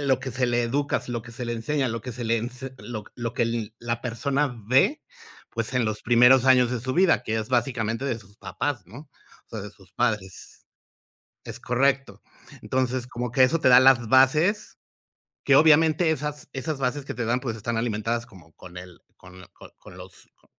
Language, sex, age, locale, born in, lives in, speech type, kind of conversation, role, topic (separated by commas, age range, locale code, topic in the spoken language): Spanish, male, 45-49, es-MX, Mexico, Mexico, conversation, unstructured, none, ¿Cómo defines lo que está bien y lo que está mal en la vida?